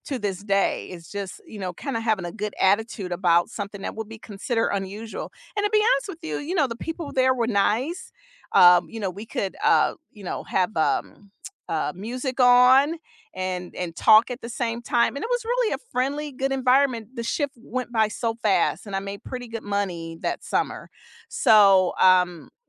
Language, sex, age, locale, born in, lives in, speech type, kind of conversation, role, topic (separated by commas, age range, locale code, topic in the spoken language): English, female, 50-54, en-US, United States, United States, conversation, unstructured, none, What is the most unusual job you have ever had?